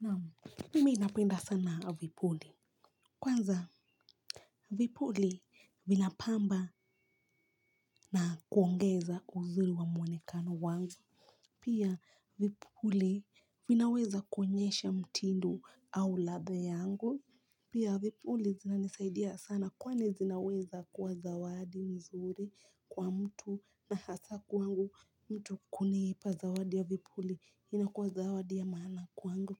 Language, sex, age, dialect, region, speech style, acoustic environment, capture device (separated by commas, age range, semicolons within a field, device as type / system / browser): Swahili, female, 18-24, Kenya - Mombasa, Kenya, relaxed, silence, mobile / Linux / Chrome